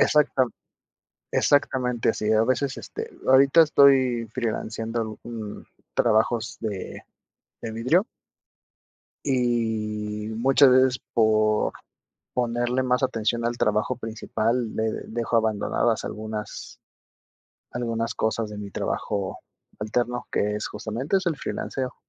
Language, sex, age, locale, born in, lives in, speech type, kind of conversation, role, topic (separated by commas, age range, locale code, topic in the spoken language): Spanish, male, 30-34, es-MX, Mexico, Mexico, conversation, advice, user, ¿Cómo sueles procrastinar antes de empezar tareas importantes?